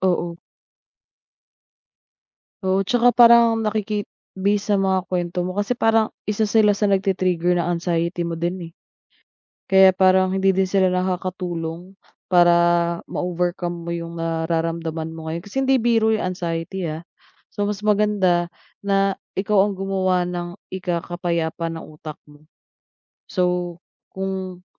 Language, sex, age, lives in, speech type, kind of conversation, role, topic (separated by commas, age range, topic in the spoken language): Filipino, female, 30-34, Philippines, conversation, advice, advisor, Paano ko haharapin ang kritisismo at matututo mula rito?